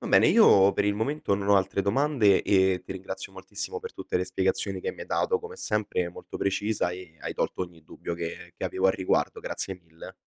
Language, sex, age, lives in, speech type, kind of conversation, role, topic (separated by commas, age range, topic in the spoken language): Italian, male, 20-24, Italy, read, expert-assistant, asker, Quali tecniche aiutano a mantenere l’attenzione degli ascoltatori durante una trasmissione?